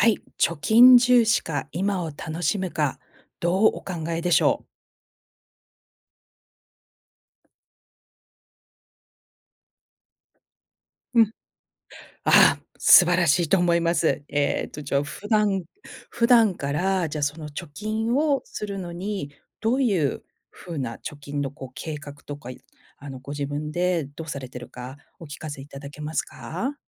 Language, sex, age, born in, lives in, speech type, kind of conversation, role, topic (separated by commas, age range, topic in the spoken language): Japanese, female, 50-54, Japan, United States, conversation, podcast, host, 貯金を優先すべきか、それとも今を楽しむべきか、どう考えますか？